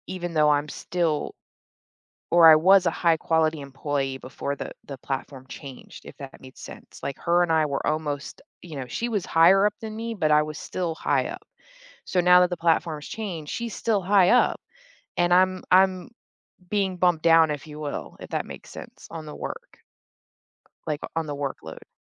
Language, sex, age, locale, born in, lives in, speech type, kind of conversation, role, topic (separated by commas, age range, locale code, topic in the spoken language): English, female, 35-39, en-US, Germany, United States, conversation, advice, user, How can I stop feeling jealous and genuinely celebrate my friend's success?